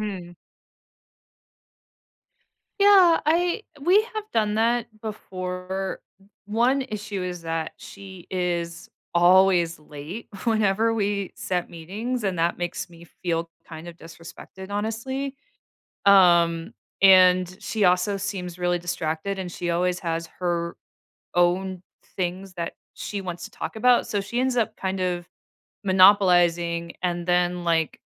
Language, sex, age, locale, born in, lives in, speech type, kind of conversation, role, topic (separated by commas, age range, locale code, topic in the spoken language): English, female, 40-44, en-US, United States, United States, conversation, advice, user, How can I communicate feelings without causing arguments?